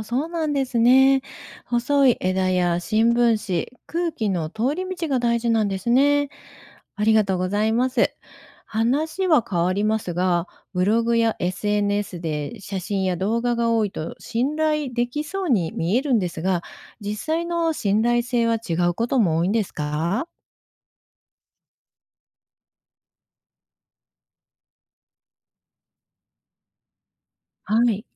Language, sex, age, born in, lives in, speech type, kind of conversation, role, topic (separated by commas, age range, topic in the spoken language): Japanese, female, 55-59, Japan, Japan, read, expert-assistant, asker, 登山やキャンプに関する情報源の信頼性はどのように判断できますか？たとえば、人気のブログやSNSのアドバイスは本当に安全なのでしょうか。